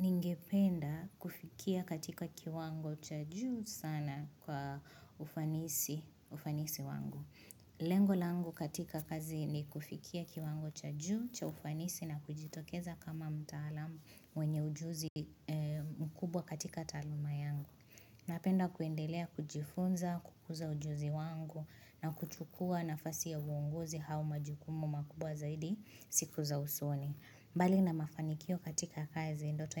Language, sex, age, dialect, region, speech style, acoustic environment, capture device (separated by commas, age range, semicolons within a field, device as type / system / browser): Swahili, female, 25-34, Kenya - Nairobi Sheng-influenced, Kenya, happy, silence, mobile / Linux / Chrome